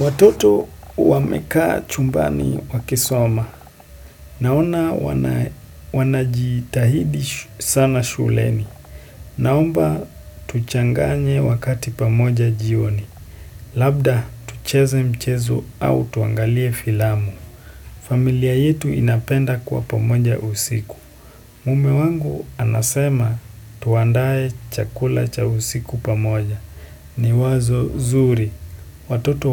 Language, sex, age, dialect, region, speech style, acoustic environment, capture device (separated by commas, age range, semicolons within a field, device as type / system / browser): Swahili, male, 18-24, Kenya - Nairobi Sheng-influenced, Kenya, happy; focused; excited, silence, desktop / Windows / Chrome